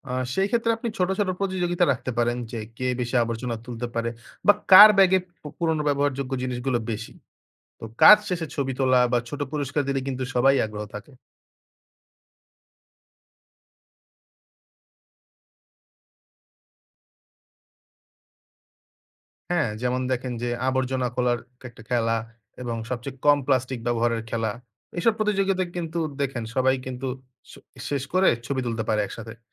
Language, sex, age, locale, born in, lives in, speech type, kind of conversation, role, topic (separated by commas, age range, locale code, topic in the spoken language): Bengali, male, 20-24, bn-BD, Bangladesh, Bangladesh, read, expert-assistant, expert, সমুদ্রের পরিবেশ সংরক্ষণে ব্যক্তিগতভাবে ছোট ছোট কী কী পদক্ষেপ নেওয়া যেতে পারে?